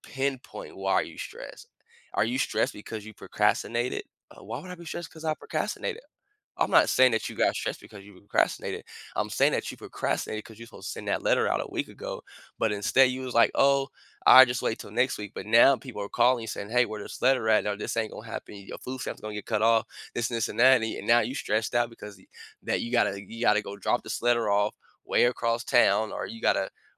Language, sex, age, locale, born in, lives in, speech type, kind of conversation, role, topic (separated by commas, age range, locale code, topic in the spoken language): English, male, 30-34, en-US, United States, United States, conversation, podcast, guest, What are some effective ways to handle stress when life gets hectic?